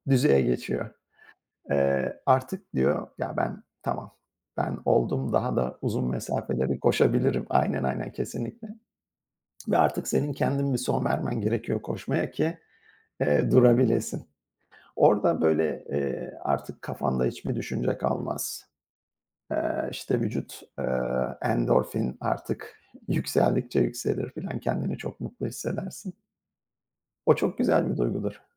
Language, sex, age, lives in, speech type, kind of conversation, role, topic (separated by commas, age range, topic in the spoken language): Turkish, male, 50-54, United States, conversation, unstructured, none, Hangi sporu yapmak seni en çok mutlu ediyor?